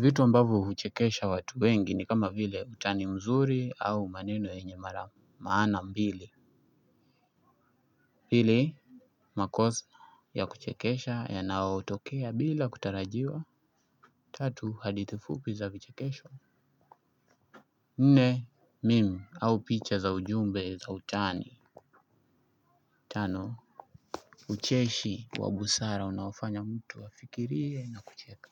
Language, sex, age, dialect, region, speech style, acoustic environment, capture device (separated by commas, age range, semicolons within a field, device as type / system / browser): Swahili, male, 25-34, Kenya - Mombasa, Kenya, anxious; happy; excited; stressed; focused, nature; music; people talking; appliances, desktop / Linux / Chrome